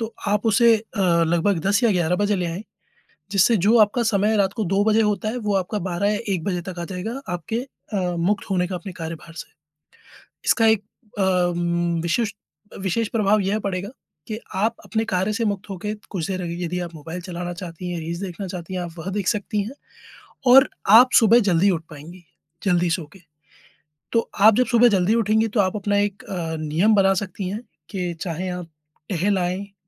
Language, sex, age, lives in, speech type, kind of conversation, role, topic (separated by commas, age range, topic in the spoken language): Hindi, male, 30-34, India, conversation, advice, advisor, क्या अनियमित खाने की वजह से आपकी ऊर्जा कम हो रही है और वजन बढ़ रहा है?